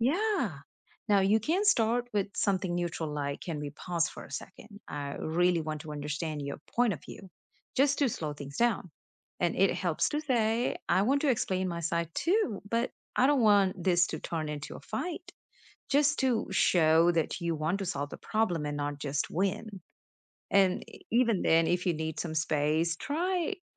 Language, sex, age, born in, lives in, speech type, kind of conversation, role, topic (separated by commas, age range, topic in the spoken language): English, female, 35-39, India, United States, read, expert-assistant, expert, What are some strategies for effective conflict resolution?